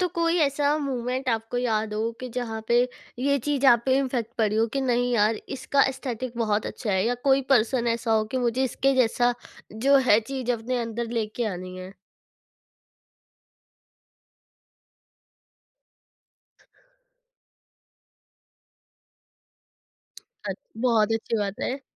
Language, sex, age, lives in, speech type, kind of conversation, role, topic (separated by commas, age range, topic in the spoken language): Hindi, female, 20-24, India, conversation, podcast, host, Tum apni aesthetic ko kaise define karte ho?